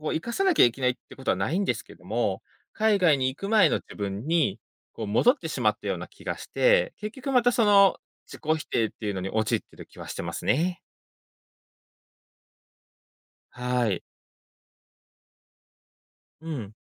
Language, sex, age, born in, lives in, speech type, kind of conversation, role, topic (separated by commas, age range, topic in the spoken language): Japanese, male, 25-29, Japan, Japan, conversation, advice, user, 自分をいつも責めてしまう自己否定の癖をやめるにはどうすればよいですか？